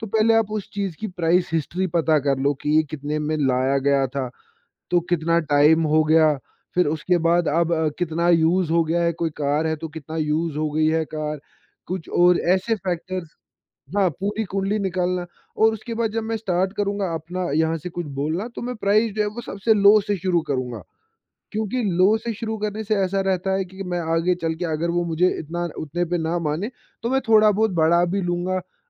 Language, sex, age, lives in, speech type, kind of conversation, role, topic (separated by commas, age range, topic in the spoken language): Hindi, male, 20-24, India, conversation, podcast, guest, Second-hand cheezein ya purane kapde khareedne ke baare mein tum kya sochte ho?